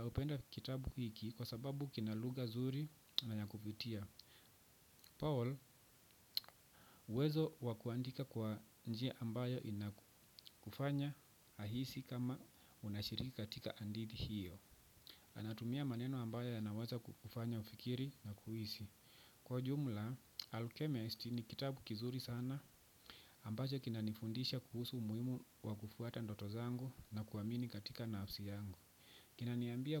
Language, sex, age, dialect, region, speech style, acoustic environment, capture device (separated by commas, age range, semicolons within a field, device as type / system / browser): Swahili, male, 25-34, Kenya - Nairobi Sheng-influenced, Kenya, relaxed, silence, desktop / Windows / Chrome